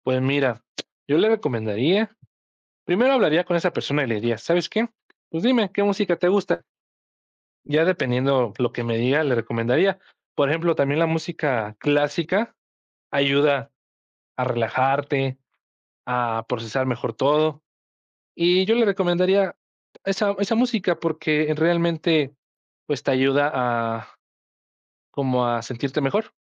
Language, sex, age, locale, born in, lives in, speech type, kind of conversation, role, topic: Spanish, male, 20-24, es-MX, Mexico, Mexico, conversation, podcast, guest, ¿Qué música te ayuda a procesar emociones difíciles?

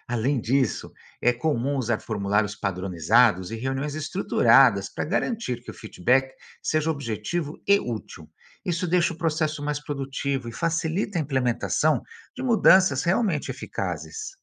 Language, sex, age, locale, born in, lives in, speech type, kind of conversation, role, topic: Portuguese, male, 55-59, pt-BR, Brazil, United States, read, expert-assistant, expert, Como funciona a gestão de emergências nos serviços públicos?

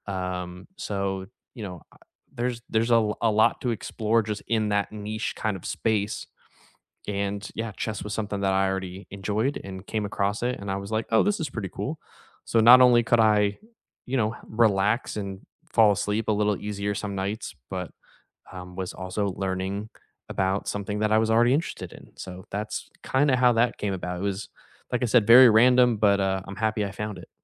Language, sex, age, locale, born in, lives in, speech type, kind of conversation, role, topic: English, male, 30-34, en-US, United States, United States, conversation, unstructured, none, Which hidden-gem podcasts or YouTube channels would you share with friends, and why do they resonate?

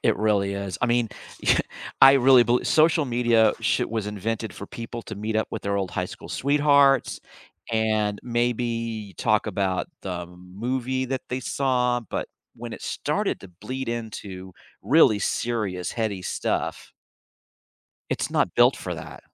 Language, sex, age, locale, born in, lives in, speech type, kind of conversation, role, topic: English, male, 55-59, en-US, United States, United States, conversation, podcast, host, Why is truly listening important when trying to resolve conflicts?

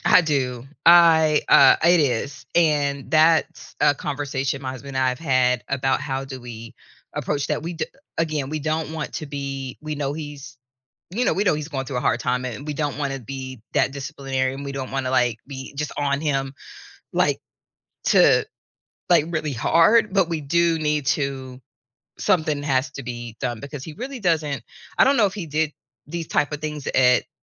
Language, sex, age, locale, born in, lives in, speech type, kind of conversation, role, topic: English, female, 45-49, en-US, United States, United States, conversation, advice, user, How can I balance parenting and personal time?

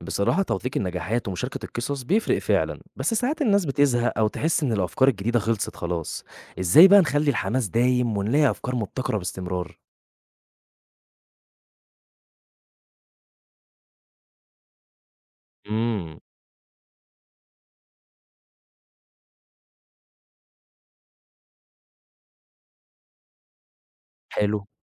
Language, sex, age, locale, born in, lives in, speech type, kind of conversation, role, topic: Arabic, male, 20-24, ar-EG, Egypt, Egypt, read, expert-assistant, asker, إزاي نقدر نوسع تفكيرنا ونطلع بأفكار مبتكرة لمشاكل بنقابلها في حياتنا؟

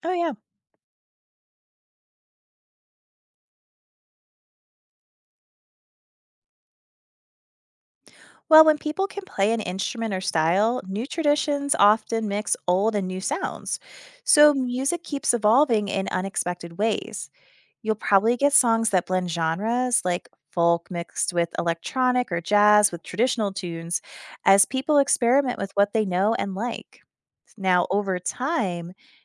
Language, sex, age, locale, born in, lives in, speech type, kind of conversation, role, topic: English, female, 35-39, en-US, United States, United States, read, expert-assistant, expert, Consider a society where everyone must learn a musical instrument.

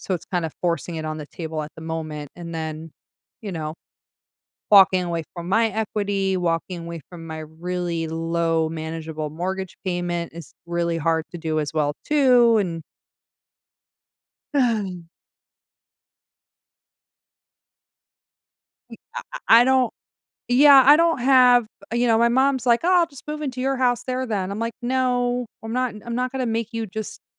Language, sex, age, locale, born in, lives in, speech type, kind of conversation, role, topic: English, female, 40-44, en-US, United States, United States, conversation, advice, user, How can I make a confident decision when I'm feeling uncertain about a major choice?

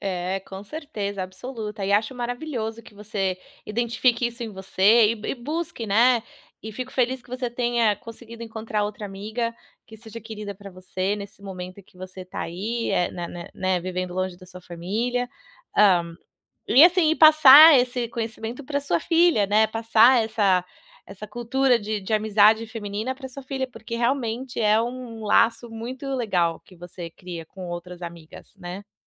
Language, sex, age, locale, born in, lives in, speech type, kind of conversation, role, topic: Portuguese, female, 35-39, pt-BR, Brazil, United States, conversation, advice, advisor, Como posso aceitar as mudanças na dinâmica das minhas amizades ao longo do tempo?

